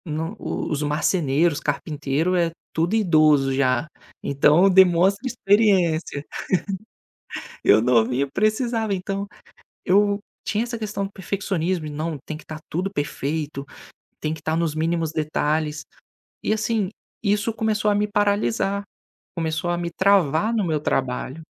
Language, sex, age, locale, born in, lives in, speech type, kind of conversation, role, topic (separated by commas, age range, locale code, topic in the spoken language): Portuguese, male, 25-29, pt-BR, Brazil, Spain, conversation, podcast, guest, Como evitar que o perfeccionismo paralise o trabalho?